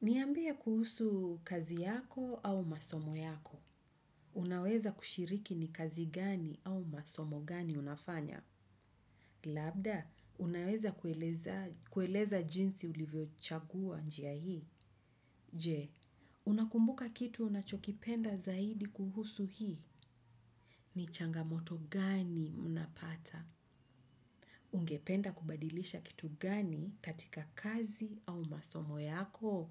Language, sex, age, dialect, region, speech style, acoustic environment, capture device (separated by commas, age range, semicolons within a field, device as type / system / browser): Swahili, female, 35-44, Kenya - Nairobi Sheng-influenced, Kenya, happy, nature; silence; traffic, desktop / Windows / Chrome